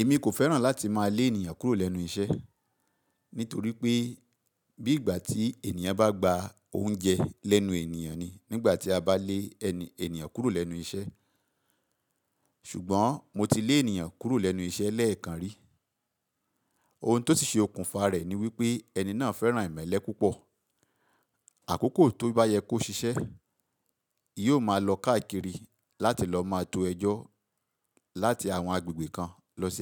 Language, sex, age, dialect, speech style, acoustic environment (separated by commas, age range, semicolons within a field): Yoruba, male, 45-54, Nigeria - Ibadan, relaxed, silence